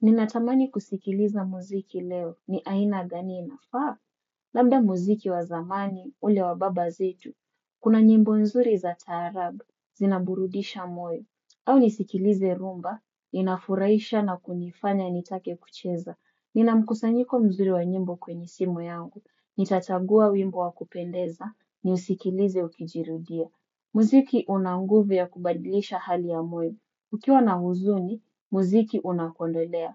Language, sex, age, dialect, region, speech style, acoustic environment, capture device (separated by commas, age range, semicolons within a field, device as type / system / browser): Swahili, female, 25-34, Kenya - Mombasa, Kenya, focused, silence, desktop / Windows / Chrome